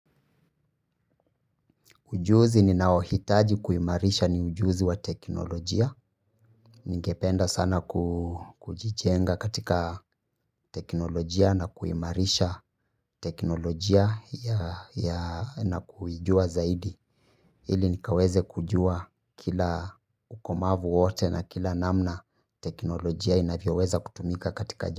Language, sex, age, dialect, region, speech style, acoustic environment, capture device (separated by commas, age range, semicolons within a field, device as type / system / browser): Swahili, male, 25-34, Kenya - Nairobi Sheng-influenced, Kenya, happy, people talking, mobile / Linux / Chrome